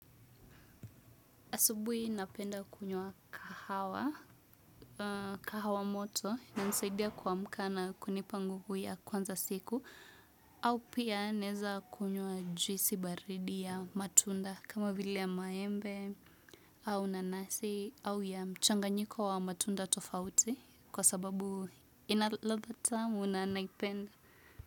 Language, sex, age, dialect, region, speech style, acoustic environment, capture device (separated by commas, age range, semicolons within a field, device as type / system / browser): Swahili, female, 25-34, Kenya - Nairobi Sheng-influenced, Kenya, relaxed, music; silence, desktop / Windows / Chrome